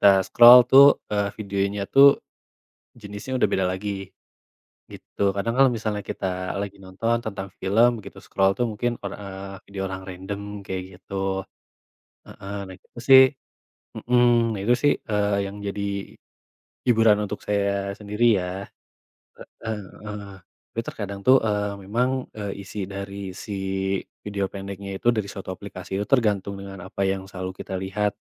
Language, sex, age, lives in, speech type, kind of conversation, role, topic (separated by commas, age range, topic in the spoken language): Indonesian, male, 25-29, Indonesia, conversation, unstructured, none, Bagaimana media sosial mengubah cara kita menikmati hiburan?